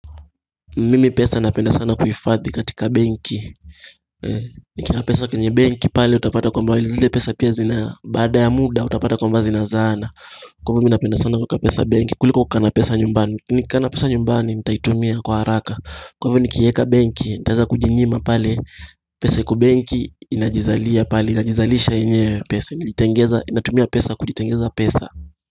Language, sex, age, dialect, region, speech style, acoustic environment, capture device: Swahili, male, 18-24, Kenya - Mombasa, Kenya, happy, nature; people talking; music; traffic, mobile / Linux / Chrome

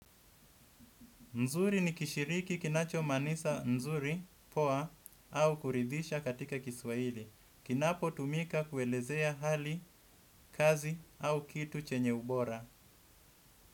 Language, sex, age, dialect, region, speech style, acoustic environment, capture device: Swahili, male, 25-34, Kenya - Mombasa, Kenya, happy; focused, silence; nature, desktop / Windows / Edge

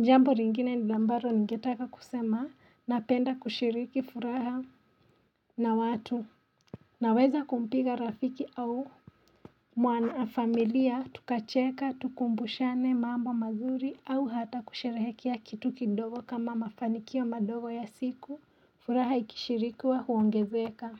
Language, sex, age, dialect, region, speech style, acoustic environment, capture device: Swahili, female, 25-34, Kenya - Nairobi Sheng-influenced, Kenya, relaxed; excited; focused; happy, nature; silence; appliances, mobile / Linux / Chrome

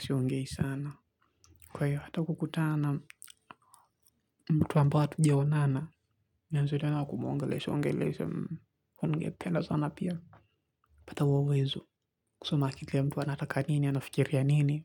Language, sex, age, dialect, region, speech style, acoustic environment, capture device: Swahili, male, 18-24, Kenya - Mombasa, Kenya, focused, silence, mobile / Linux / Chrome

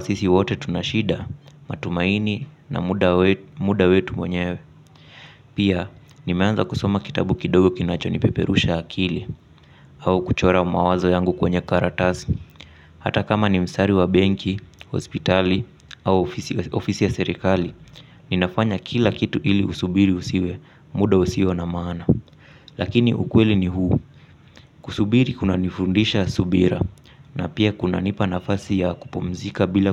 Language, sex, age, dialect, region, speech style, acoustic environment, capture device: Swahili, male, 25-34, Kenya - Mombasa, Kenya, relaxed, appliances, mobile / Linux / Chrome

